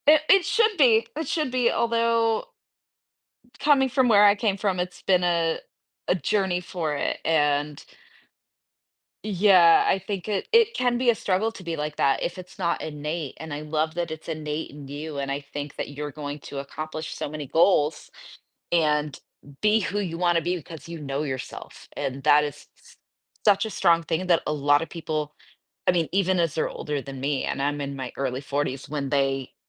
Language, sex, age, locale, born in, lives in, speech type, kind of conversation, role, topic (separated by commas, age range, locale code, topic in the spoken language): English, female, 40-44, en-US, United States, United States, conversation, podcast, host, How do you recognize and build strong, supportive relationships in your life?